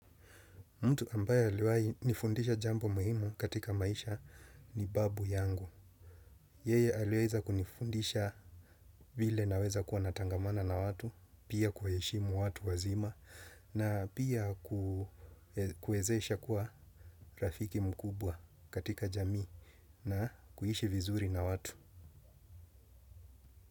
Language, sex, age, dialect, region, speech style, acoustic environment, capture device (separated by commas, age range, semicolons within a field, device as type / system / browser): Swahili, male, 35-44, Kenya - Mombasa, Kenya, happy, silence, desktop / Windows / Chrome